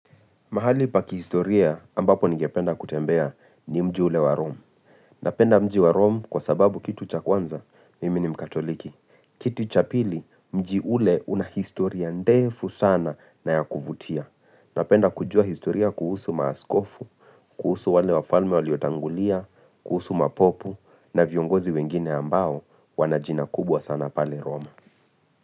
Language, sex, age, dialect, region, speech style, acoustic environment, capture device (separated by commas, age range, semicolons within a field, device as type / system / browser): Swahili, male, 25-34, Kenya - Nairobi Sheng-influenced, Kenya, focused; relaxed, silence, mobile / Linux / Chrome